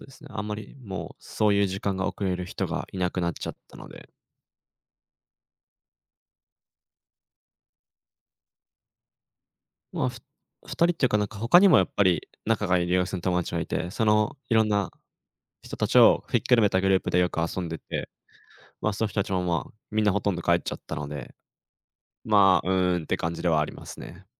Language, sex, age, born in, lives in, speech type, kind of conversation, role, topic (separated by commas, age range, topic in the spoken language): Japanese, male, 20-24, Japan, Japan, conversation, advice, user, 友人関係の変化で居場所を失った感じがする